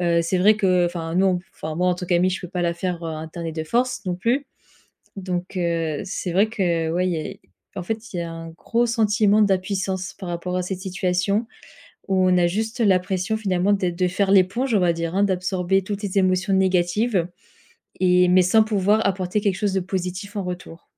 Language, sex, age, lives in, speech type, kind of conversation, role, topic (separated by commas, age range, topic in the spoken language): French, female, 25-29, France, conversation, advice, user, Comment puis-je soutenir un ami en détresse sans me laisser submerger émotionnellement ?